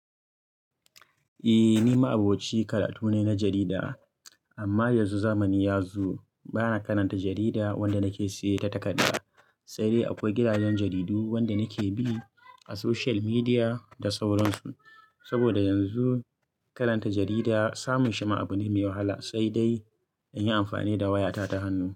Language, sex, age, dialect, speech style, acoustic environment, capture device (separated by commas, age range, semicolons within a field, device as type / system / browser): Hausa, male, 25-34, Nigeria - Kano, happy; anxious, people talking; music; nature, mobile / Linux / Chrome